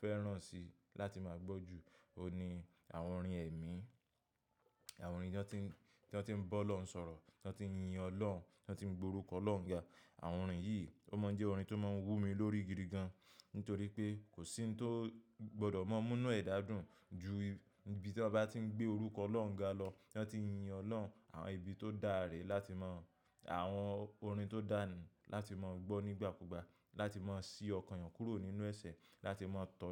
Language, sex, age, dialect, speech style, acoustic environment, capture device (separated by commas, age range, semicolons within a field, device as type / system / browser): Yoruba, male, 25-34, Nigeria - Lagos Yoruba, happy, nature; people talking, mobile / macOS / Safari